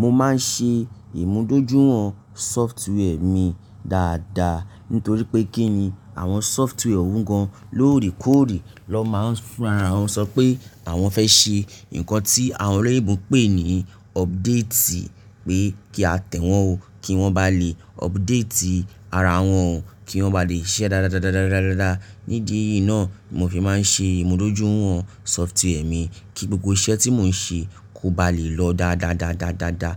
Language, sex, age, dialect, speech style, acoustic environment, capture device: Yoruba, male, 35-44, Nigeria - Nigerian Standard, relaxed, silence, mobile / Linux / Chrome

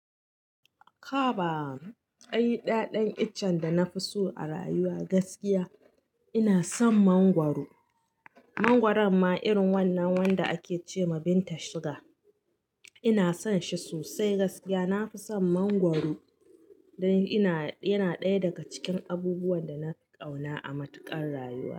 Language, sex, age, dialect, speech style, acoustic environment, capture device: Hausa, female, 35-44, Nigeria - Katsina, happy, construction; nature; silence, mobile / Linux / Chrome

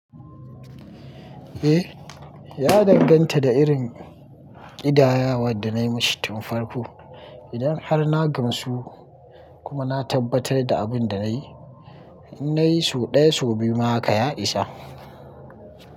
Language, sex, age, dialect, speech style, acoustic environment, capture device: Hausa, male, 25-34, Nigeria - Kano, happy; excited, nature; construction, mobile / Linux / Chrome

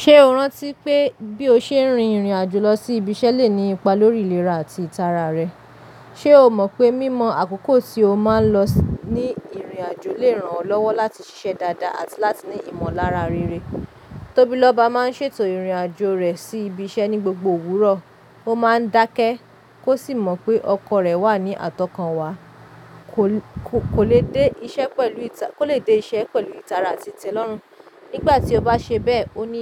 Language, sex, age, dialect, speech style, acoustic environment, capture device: Yoruba, female, 25-34, Nigeria - Lagos Yoruba, relaxed, nature, mobile / Linux / Chrome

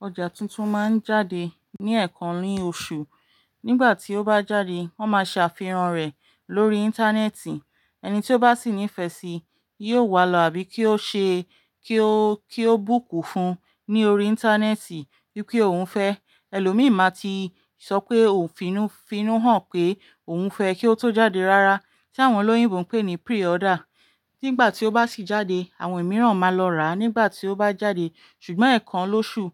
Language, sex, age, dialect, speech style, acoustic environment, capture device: Yoruba, female, 35-44, Nigeria - Ibadan, relaxed, nature; silence, mobile / Linux / Chrome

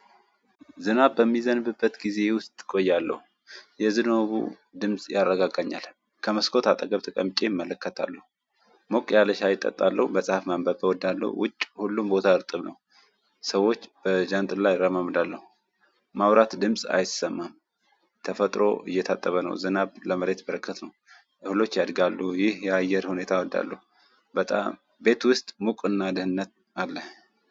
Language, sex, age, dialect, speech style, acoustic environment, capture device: Amharic, male, 25-34, Ethiopia - Tigray, focused, silence, mobile / Android / Chrome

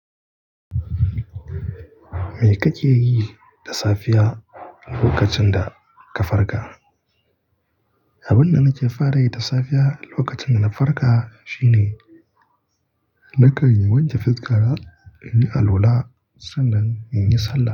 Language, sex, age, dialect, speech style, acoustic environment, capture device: Hausa, male, 25-34, Nigeria - Kano, relaxed; focused, nature; appliances, mobile / Linux / Chrome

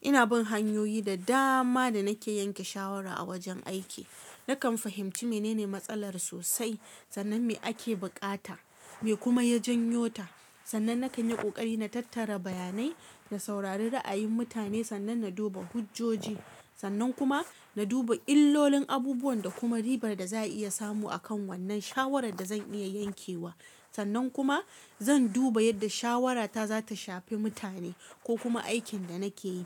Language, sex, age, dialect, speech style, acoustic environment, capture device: Hausa, female, 25-34, Nigeria - Kano, relaxed, silence, mobile / Linux / Chrome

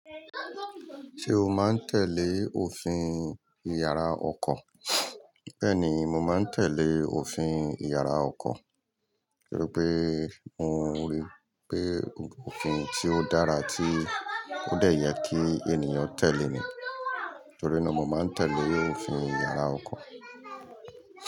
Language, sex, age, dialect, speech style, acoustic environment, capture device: Yoruba, male, 45-54, Nigeria - Lagos Yoruba, happy; excited, people talking, mobile / Linux / Chrome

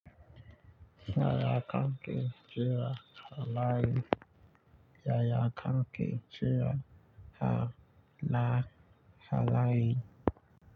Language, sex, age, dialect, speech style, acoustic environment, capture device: Hausa, male, 25-34, Nigeria - Nigerian Standard, relaxed, nature, mobile / Linux / Chrome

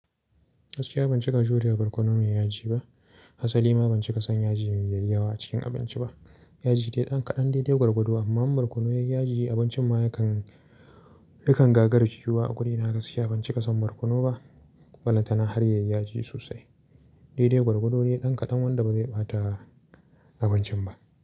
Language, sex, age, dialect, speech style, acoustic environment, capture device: Hausa, male, 25-34, Nigeria - Kano, relaxed, silence, mobile / Linux / Chrome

